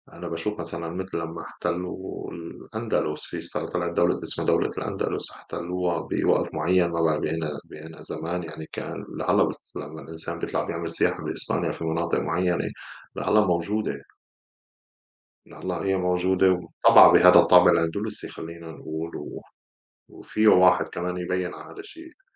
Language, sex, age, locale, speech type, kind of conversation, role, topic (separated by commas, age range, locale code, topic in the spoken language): Arabic, male, 35-39, ar-SY, conversation, unstructured, none, إيه رأيك في تأثير الاستعمار على الشعوب الأصلية؟